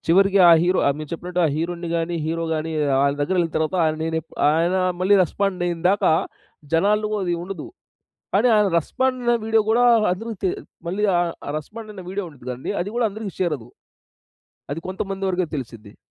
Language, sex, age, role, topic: Telugu, male, 30-34, guest, ఆన్‌లైన్‌లో వచ్చే వార్త నిజమా కాదా అని మీరు ఎలా నిర్ధారించుకుంటారు?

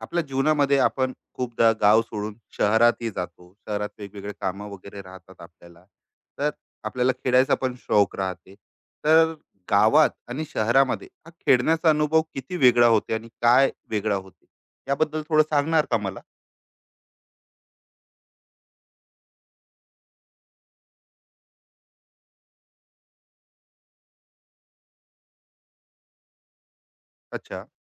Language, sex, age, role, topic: Marathi, male, 35-39, host, तुला गावात आणि शहरात खेळण्याचा अनुभव कसा वेगळा वाटला?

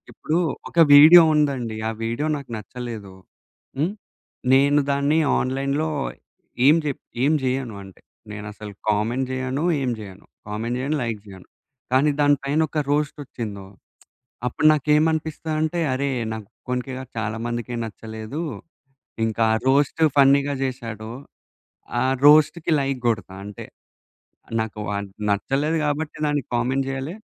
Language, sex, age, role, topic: Telugu, male, 20-24, guest, ఇంటర్నెట్ మిమ్స్ గురించి మీ అభిప్రాయం ఏమిటి?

